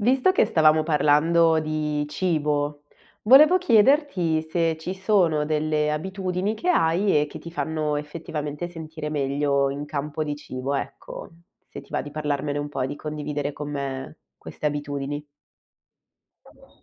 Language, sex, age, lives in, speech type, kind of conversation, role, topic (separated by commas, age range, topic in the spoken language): Italian, female, 25-29, Italy, conversation, podcast, host, Quali abitudini alimentari ti fanno sentire meglio?